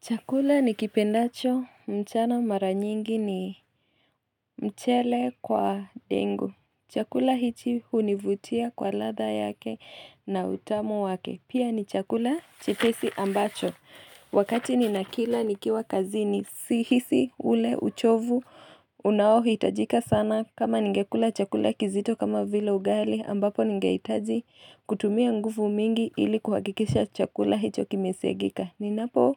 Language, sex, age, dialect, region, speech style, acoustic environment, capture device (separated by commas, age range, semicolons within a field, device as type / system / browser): Swahili, female, 25-34, Kenya - Nairobi Sheng-influenced, Kenya, anxious, nature, mobile / Linux / Chrome